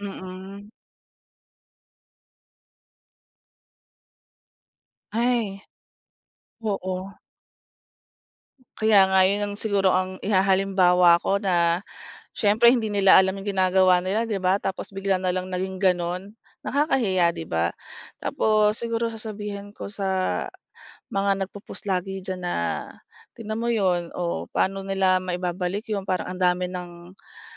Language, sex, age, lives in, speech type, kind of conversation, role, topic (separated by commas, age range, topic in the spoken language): Filipino, female, 40-44, Philippines, conversation, podcast, guest, Paano mo pinoprotektahan ang iyong pagkapribado sa social media?